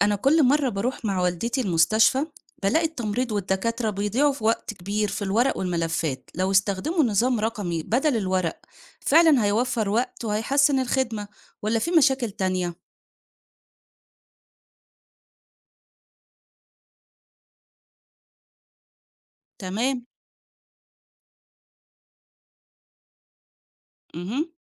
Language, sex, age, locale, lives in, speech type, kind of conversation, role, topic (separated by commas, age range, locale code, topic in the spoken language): Arabic, female, 40-44, ar-EG, Egypt, read, expert-assistant, asker, إيه الأفضل لمستشفياتنا: نعتمد على نظام الإدارة التقليدية ولا نجرب أنظمة حديثة زي الإدارة الرقمية؟